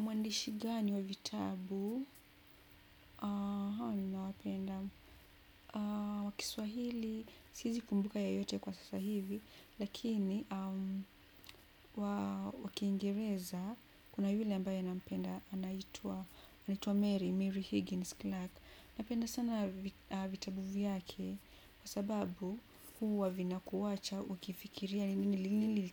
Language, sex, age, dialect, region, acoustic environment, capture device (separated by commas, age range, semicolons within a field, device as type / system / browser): Swahili, female, 25-34, Kenya - Nairobi Sheng-influenced, Kenya, appliances, desktop / Windows / Chrome